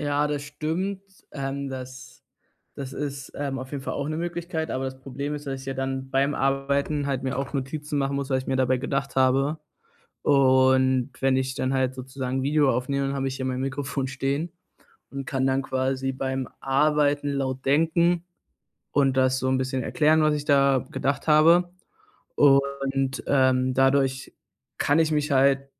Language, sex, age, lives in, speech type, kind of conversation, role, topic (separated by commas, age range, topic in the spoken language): German, male, 20-24, Germany, conversation, advice, user, Wie kann ich aufhören zu prokrastinieren und wirklich anfangen?